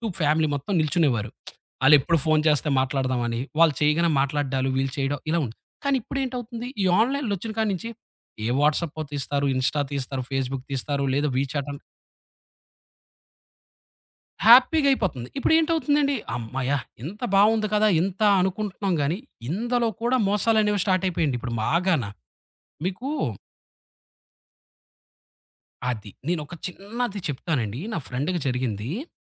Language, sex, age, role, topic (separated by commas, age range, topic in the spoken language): Telugu, male, 30-34, guest, ఆన్‌లైన్‌లో చెల్లింపులు చేసేటప్పుడు మీరు ఏ జాగ్రత్తలు తీసుకుంటారు?